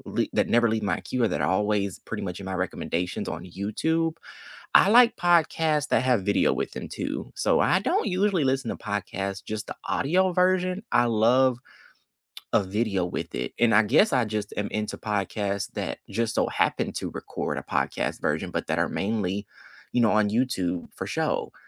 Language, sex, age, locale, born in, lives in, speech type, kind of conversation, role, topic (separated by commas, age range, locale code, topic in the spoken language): English, male, 25-29, en-US, United States, United States, conversation, unstructured, none, Which hidden-gem podcasts never leave your queue, and what keeps you coming back to them?